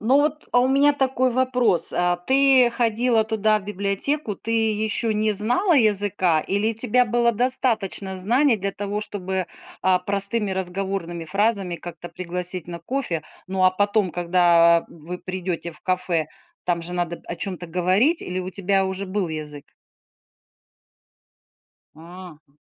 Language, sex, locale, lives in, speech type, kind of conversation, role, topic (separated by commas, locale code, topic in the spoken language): Russian, female, ru-RU, United States, conversation, podcast, host, Как заводить новых друзей во взрослом возрасте?